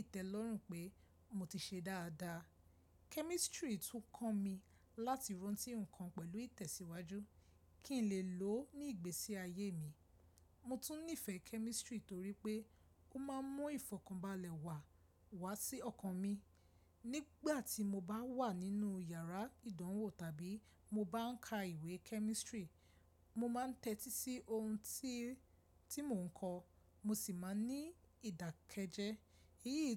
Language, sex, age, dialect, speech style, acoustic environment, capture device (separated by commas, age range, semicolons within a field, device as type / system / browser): Yoruba, female, 25-34, Nigeria - Lagos Yoruba, stressed, silence, mobile / Linux / Chrome